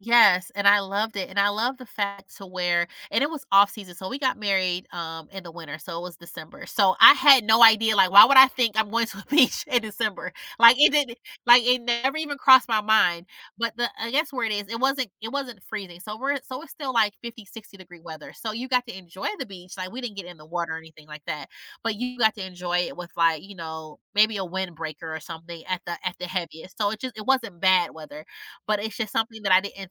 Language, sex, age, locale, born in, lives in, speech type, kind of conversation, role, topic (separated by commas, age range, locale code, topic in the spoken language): English, female, 35-39, en-US, United States, United States, conversation, advice, user, How do I respond when I find out my partner planned a surprise trip without telling me?